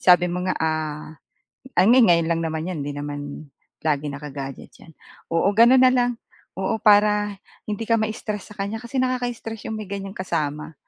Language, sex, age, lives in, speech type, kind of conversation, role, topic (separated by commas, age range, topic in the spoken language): Filipino, female, 45-49, Philippines, conversation, advice, advisor, Paano ko mapapamahalaan ang damdamin ko kapag nakakatanggap ako ng kritisismo?